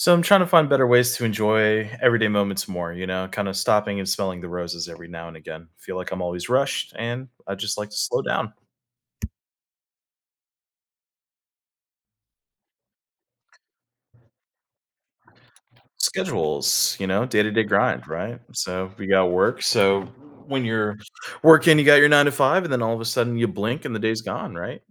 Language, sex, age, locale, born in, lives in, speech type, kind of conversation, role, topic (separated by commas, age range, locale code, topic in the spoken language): English, male, 30-34, en-US, United States, United States, conversation, advice, user, How can I enjoy everyday moments more?